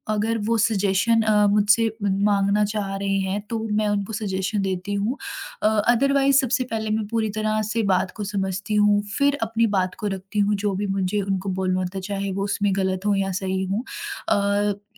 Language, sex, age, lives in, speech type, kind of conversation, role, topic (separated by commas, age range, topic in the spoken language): Hindi, female, 20-24, India, conversation, podcast, guest, Jab koi chup ho jata hai, aap use kaise samajhte hain?